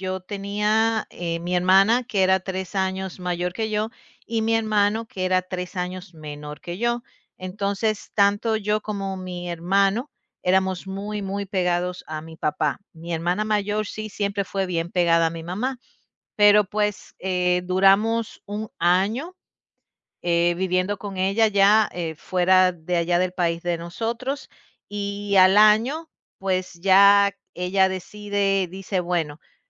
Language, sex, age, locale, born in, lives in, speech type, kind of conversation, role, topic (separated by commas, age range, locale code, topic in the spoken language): Spanish, female, 45-49, es-DO, Dominican Republic, United States, conversation, podcast, guest, ¿Alguna vez tuviste que perdonar a un familiar y cómo fue?